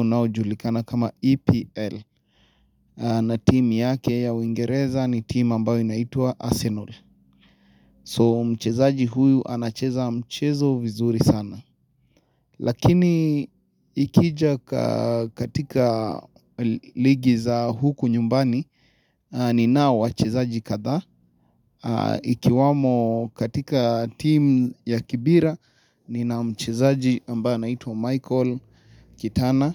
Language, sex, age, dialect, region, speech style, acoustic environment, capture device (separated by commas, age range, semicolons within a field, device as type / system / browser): Swahili, male, 25-34, Kenya - Nairobi Sheng-influenced, Kenya, excited; nervous; happy, silence, mobile / Linux / Chrome